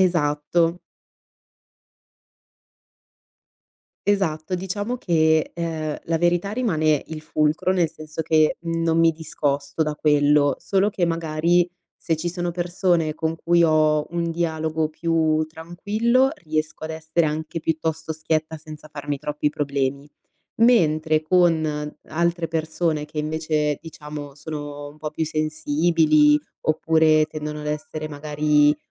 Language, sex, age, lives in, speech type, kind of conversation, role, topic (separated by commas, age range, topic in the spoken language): Italian, female, 25-29, Italy, conversation, podcast, guest, Come bilanci onestà e gentilezza nelle parole?